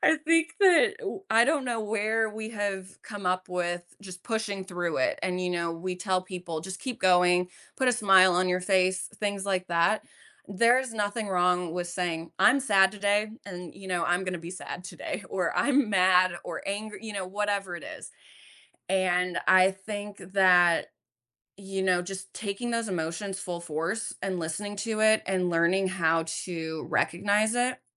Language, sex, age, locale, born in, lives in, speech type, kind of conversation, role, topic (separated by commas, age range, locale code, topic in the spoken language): English, female, 30-34, en-US, United States, United States, conversation, podcast, guest, What are some effective ways to handle stress and maintain well-being in daily life?